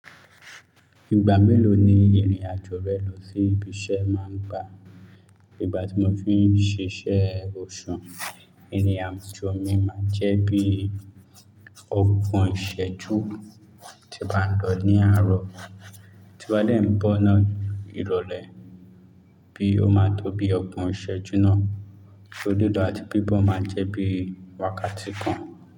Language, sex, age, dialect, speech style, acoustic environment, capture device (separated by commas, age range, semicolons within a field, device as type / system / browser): Yoruba, male, 25-34, Nigeria - Lagos Yoruba, relaxed; focused, silence, mobile / Linux / Chrome